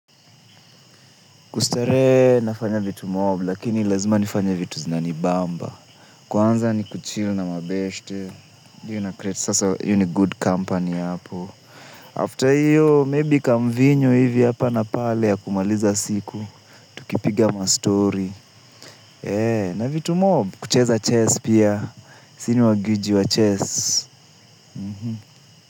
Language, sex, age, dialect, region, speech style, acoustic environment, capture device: Swahili, male, 25-34, Kenya - Nairobi Sheng-influenced, Kenya, focused, nature, mobile / Linux / Chrome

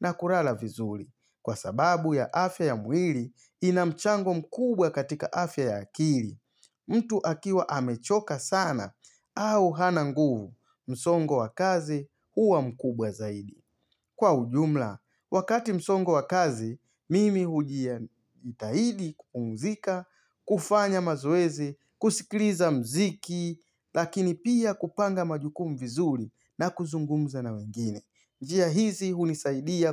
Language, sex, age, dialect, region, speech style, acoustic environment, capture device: Swahili, male, 35-44, Tanzania - Dar es Salaam, Tanzania, focused; excited; happy; relaxed, silence, mobile / Linux / Chrome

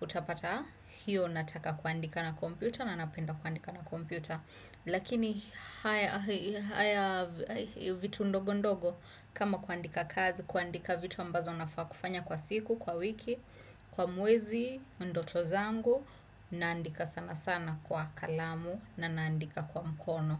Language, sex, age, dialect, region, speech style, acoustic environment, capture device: Swahili, female, 25-34, Kenya - Nairobi Sheng-influenced, Kenya, relaxed; excited; nervous, silence, desktop / Windows / Chrome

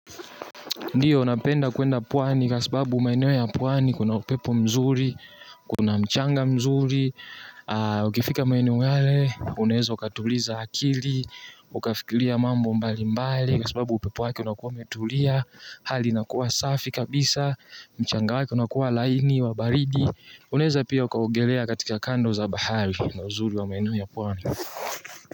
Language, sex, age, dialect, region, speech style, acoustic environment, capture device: Swahili, male, 18-24, Tanzania - Dar es Salaam, Tanzania, happy, nature; silence, mobile / Linux / Chrome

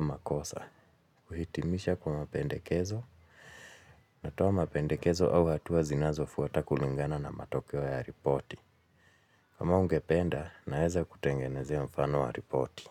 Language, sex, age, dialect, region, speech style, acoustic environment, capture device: Swahili, male, 35-44, Kenya - Nairobi Sheng-influenced, Kenya, anxious, silence, mobile / Linux / Chrome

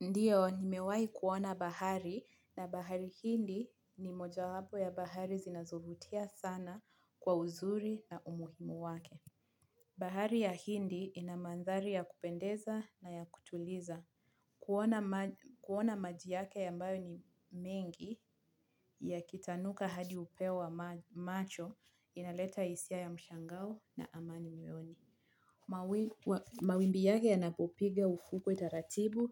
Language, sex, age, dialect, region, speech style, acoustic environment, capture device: Swahili, female, 25-34, Kenya - Mombasa, Kenya, relaxed; focused, nature; silence, mobile / Linux / Chrome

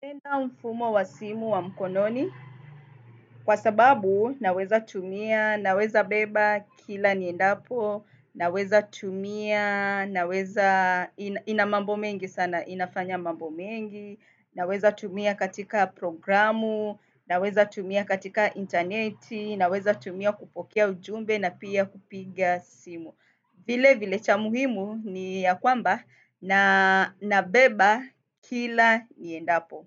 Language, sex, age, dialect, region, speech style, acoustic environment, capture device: Swahili, female, 25-34, Kenya - Mombasa, Kenya, happy; excited; nervous; focused; relaxed, nature, desktop / Windows / Firefox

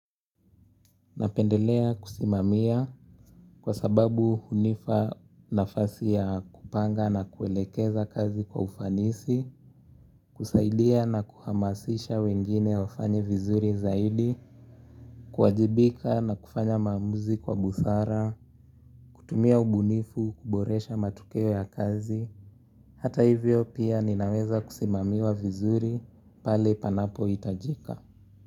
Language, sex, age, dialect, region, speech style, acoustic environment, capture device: Swahili, male, 18-24, Kenya - Nairobi Sheng-influenced, Kenya, happy; relaxed; focused, nature; silence; traffic; appliances, mobile / Linux / Chrome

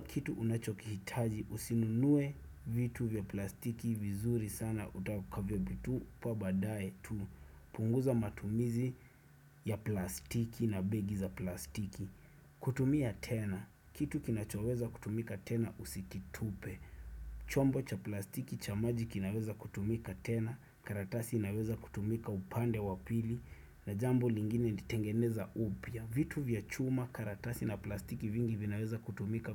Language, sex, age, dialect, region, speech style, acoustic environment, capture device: Swahili, male, 25-34, Kenya - Nairobi Sheng-influenced, Kenya, focused; excited, silence, desktop / Windows / Chrome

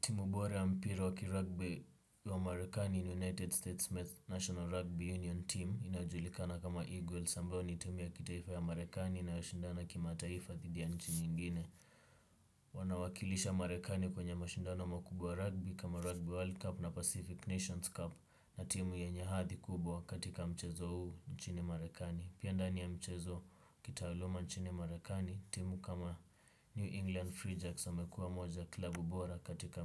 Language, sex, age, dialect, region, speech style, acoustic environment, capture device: Swahili, male, 18-24, Kenya - Nairobi Sheng-influenced, Kenya, relaxed, silence; nature, desktop / Windows / Chrome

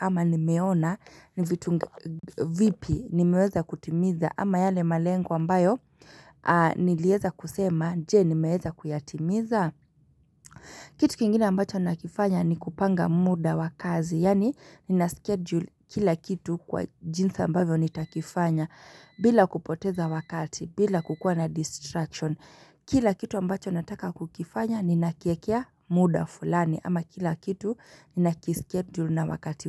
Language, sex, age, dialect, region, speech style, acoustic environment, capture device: Swahili, female, 25-34, Kenya - Nairobi Sheng-influenced, Kenya, happy; relaxed; excited; focused, nature, mobile / Linux / Chrome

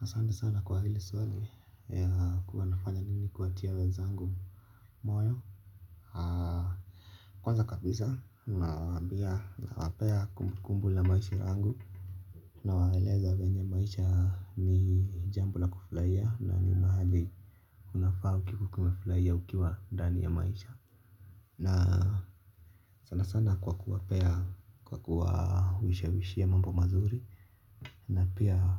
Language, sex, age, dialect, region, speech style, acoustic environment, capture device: Swahili, male, 25-34, Kenya - Nairobi Sheng-influenced, Kenya, relaxed, silence; nature, mobile / Linux / Chrome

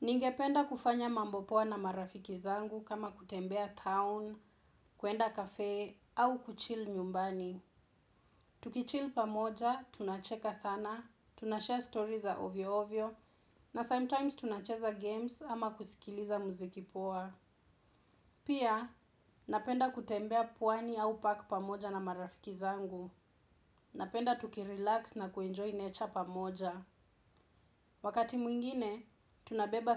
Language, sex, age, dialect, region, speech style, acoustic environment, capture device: Swahili, female, 18-24, Kenya - Nairobi Sheng-influenced, Kenya, relaxed; focused, silence; nature; appliances, desktop / Windows / Chrome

